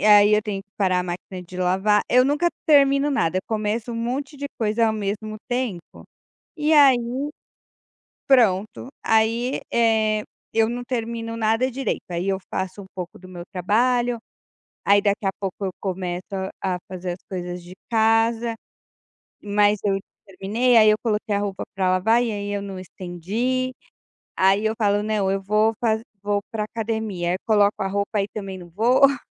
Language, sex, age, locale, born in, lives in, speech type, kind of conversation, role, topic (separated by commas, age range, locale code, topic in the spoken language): Portuguese, female, 35-39, pt-BR, Brazil, Portugal, conversation, advice, user, Como você tem procrastinado tarefas importantes que geram ansiedade?